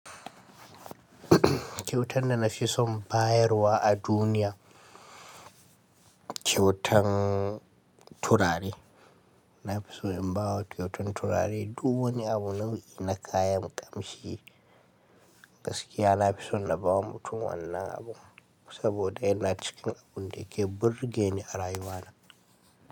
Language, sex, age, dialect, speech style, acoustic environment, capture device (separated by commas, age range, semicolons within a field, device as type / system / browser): Hausa, male, 18-24, Nigeria - Kano, happy; anxious; tired; relaxed; nervous; focused; stressed; excited, people talking; music; nature; silence; traffic; construction; appliances, mobile / Linux / Chrome